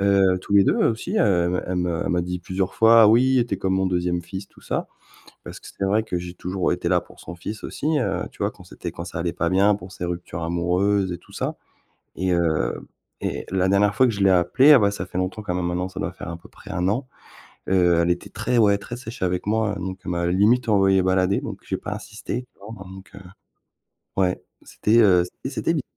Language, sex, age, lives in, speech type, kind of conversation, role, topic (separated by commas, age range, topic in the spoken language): French, male, 40-44, France, conversation, advice, user, Que faire quand un ami m’ignore ou disparaît sans explication ?